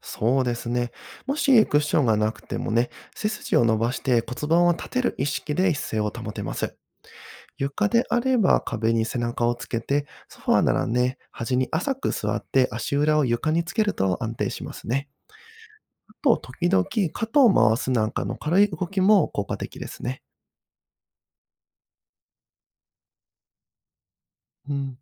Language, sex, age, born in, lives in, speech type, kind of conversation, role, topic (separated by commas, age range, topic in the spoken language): Japanese, male, 30-34, Japan, Japan, read, expert-assistant, expert, 肩こりや腰痛を和らげるために、自宅でできる簡単な方法はありますか？